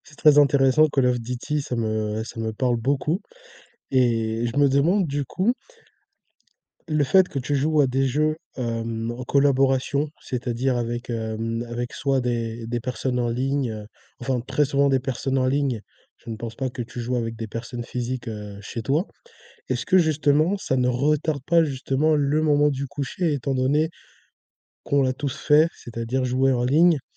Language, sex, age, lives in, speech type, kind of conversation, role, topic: French, male, 20-24, France, conversation, podcast, host, Avez-vous des rituels du soir avant le coucher ?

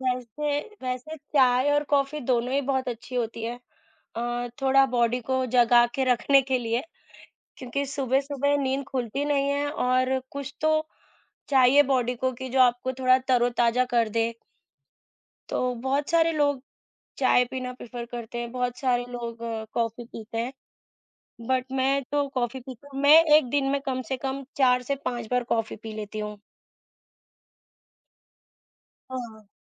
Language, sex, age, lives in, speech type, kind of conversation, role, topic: Hindi, female, 25-29, India, conversation, unstructured, none, क्या आप सुबह चाय पसंद करते हैं या कॉफी, और क्यों?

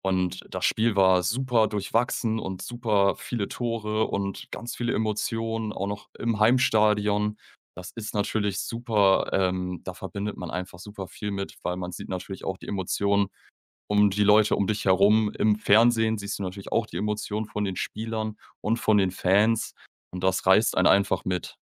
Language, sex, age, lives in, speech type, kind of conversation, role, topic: German, male, 25-29, Germany, conversation, podcast, guest, Was macht für dich ein erfüllendes Hobby aus?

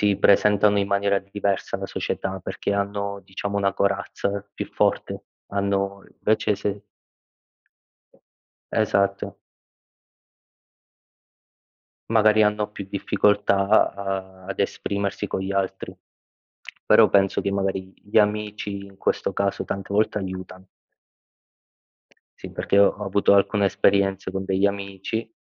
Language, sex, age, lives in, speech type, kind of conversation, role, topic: Italian, male, 25-29, Italy, conversation, unstructured, none, Hai mai nascosto parti di te per paura del giudizio?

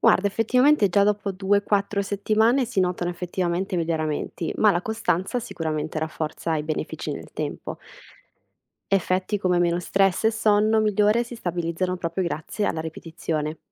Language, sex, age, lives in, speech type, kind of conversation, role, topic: Italian, female, 25-29, Italy, read, expert-assistant, expert, In che modo piccoli cambiamenti quotidiani possono portare a grandi miglioramenti personali nel tempo?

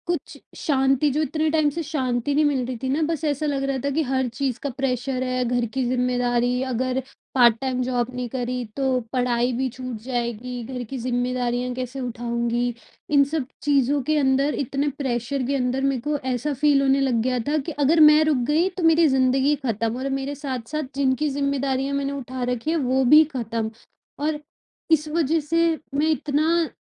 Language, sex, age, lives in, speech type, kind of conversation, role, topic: Hindi, female, 25-29, India, conversation, advice, user, लघु यात्राएँ और छोटे-छोटे विराम मेरे रचनात्मक विचार कैसे बढ़ा सकते हैं?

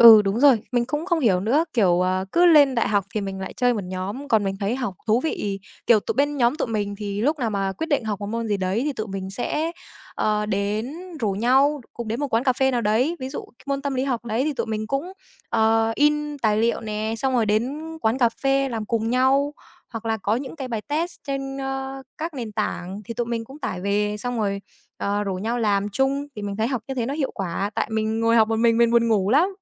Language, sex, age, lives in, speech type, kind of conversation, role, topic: Vietnamese, female, 18-19, Vietnam, conversation, unstructured, none, Bạn thấy môn học nào thú vị nhất ở trường?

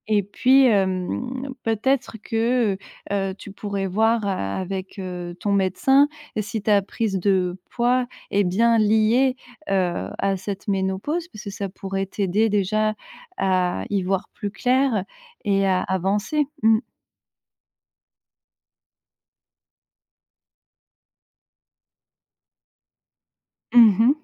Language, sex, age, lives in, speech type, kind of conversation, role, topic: French, female, 35-39, France, conversation, advice, advisor, Comment te sens-tu lorsque ton corps ou ton apparence te décourage ?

